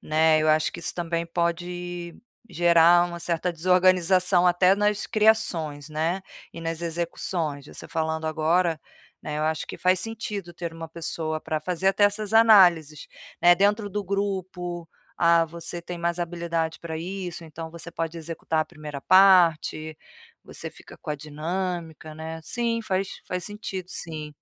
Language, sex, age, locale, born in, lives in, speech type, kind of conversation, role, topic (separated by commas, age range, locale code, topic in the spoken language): Portuguese, female, 45-49, pt-BR, Brazil, Portugal, conversation, advice, user, Como podemos melhorar a comunicação na nossa equipe criativa quando todos ficam travados?